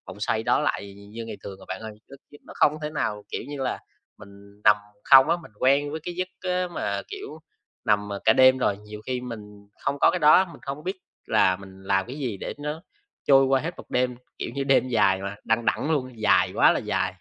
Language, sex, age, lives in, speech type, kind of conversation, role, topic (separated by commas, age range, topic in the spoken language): Vietnamese, male, 20-24, Vietnam, conversation, advice, user, Làm sao để tôi có thể tắt thiết bị và nghỉ ngơi?